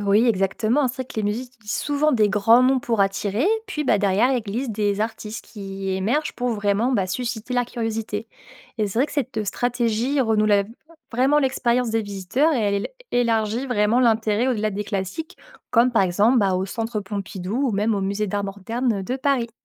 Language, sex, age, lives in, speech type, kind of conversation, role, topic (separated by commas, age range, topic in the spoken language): French, female, 25-29, France, read, expert-assistant, expert, Les critiques d’art voient-ils vraiment les œuvres différemment du grand public ? Qu’est-ce qui influence leur regard ?